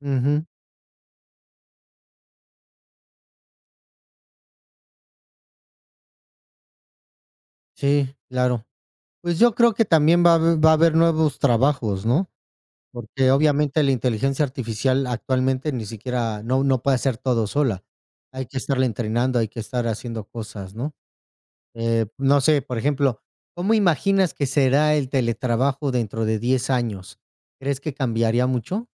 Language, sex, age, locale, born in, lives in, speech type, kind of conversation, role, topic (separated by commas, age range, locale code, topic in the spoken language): Spanish, male, 35-39, es-MX, Mexico, Mexico, conversation, unstructured, none, ¿Qué opinas sobre el teletrabajo y su futuro?